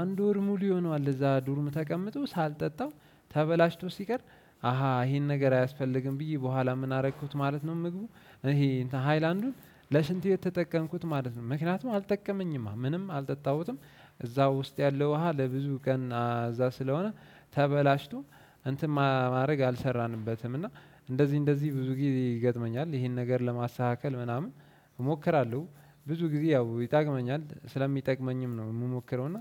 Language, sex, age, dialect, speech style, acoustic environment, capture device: Amharic, male, 18-24, Ethiopia - Addis Ababa, relaxed; focused, nature; construction, desktop / Windows / Chrome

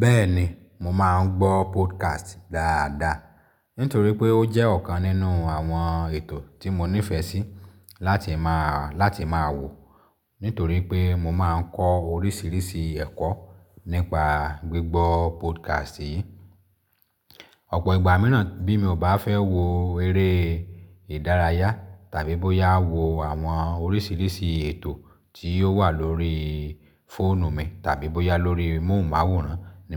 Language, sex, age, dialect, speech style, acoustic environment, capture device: Yoruba, male, 35-44, Nigeria - Lagos Yoruba, happy; relaxed; excited; focused, silence, mobile / Linux / Chrome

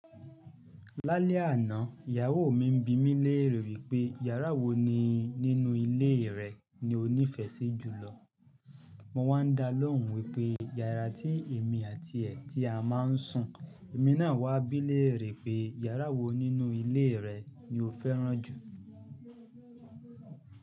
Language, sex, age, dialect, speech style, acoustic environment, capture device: Yoruba, male, 35-44, Nigeria - Ibadan, happy; focused; excited; relaxed, music, mobile / Linux / Chrome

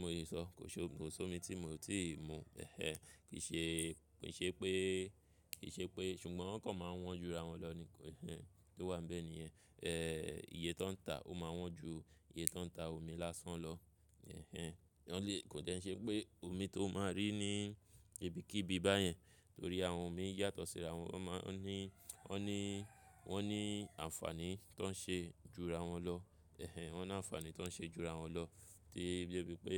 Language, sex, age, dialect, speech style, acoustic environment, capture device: Yoruba, male, 25-34, Nigeria - Lagos Yoruba, focused, traffic; people talking; music; nature, mobile / Linux / Chrome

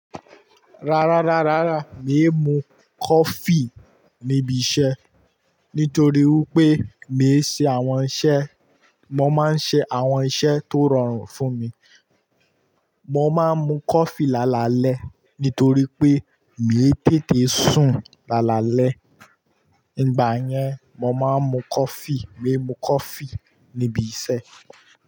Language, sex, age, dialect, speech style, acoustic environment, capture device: Yoruba, male, 18-24, Nigeria - Kano, relaxed, nature, mobile / Linux / Chrome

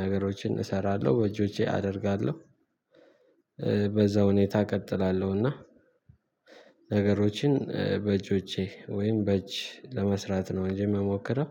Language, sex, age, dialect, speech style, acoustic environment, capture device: Amharic, male, 18-24, Ethiopia - Addis Ababa, happy, silence, mobile / Linux / Chrome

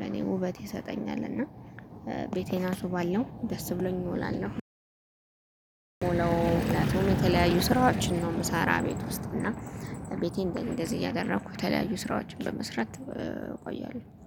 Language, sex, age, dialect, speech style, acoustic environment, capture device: Amharic, female, 25-34, Ethiopia - Gondar, focused, traffic, mobile / Linux / Chrome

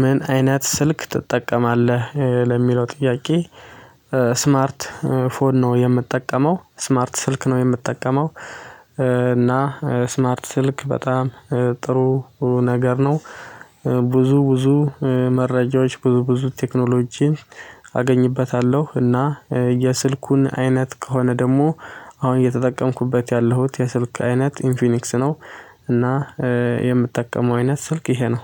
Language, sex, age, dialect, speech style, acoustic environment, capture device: Amharic, male, 25-34, Ethiopia - Addis Ababa, excited, silence, mobile / Linux / Chrome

